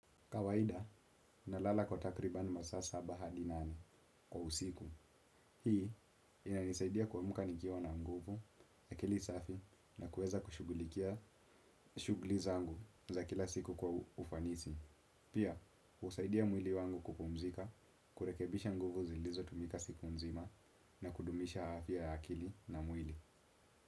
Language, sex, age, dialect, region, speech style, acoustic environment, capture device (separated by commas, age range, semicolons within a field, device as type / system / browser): Swahili, male, 18-24, Kenya - Nairobi Sheng-influenced, Kenya, focused, silence, desktop / Windows / Chrome